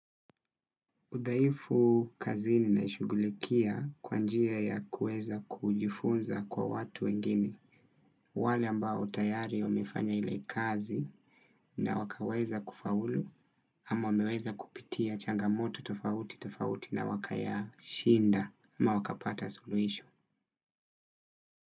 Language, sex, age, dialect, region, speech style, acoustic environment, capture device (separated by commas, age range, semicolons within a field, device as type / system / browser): Swahili, male, 25-34, Kenya - Mombasa, Kenya, focused, silence, desktop / Windows / Chrome